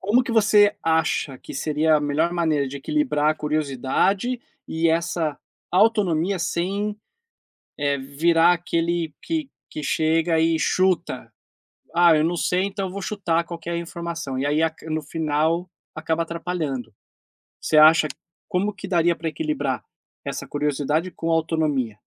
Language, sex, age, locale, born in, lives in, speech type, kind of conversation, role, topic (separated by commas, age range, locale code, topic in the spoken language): Portuguese, male, 40-44, pt-BR, Brazil, United States, conversation, podcast, host, Como lidar com o medo de parecer ignorante ao fazer uma pergunta?